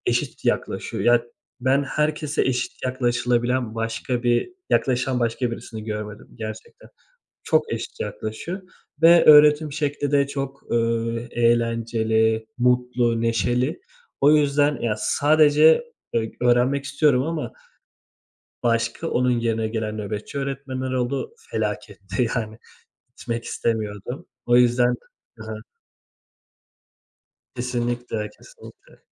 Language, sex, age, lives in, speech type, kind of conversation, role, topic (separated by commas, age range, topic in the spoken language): Turkish, male, 30-34, Italy, conversation, unstructured, none, Sence öğrenmenin en eğlenceli yolu nedir?